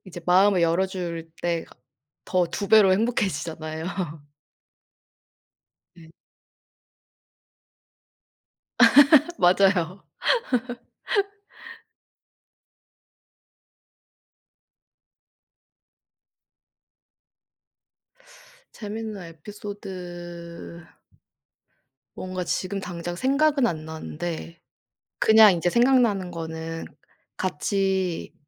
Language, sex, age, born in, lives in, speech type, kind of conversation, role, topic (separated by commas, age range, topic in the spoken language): Korean, female, 25-29, South Korea, South Korea, conversation, unstructured, none, 동물을 키우면서 겪은 재미있는 에피소드가 있나요?